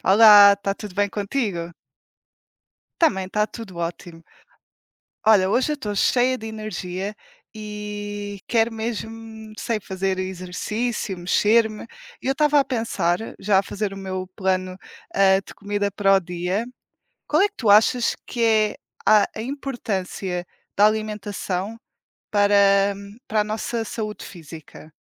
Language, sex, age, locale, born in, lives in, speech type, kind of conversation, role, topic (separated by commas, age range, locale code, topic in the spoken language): Portuguese, female, 20-24, pt-PT, Portugal, Portugal, conversation, unstructured, none, Qual é a importância da alimentação para a sua saúde física?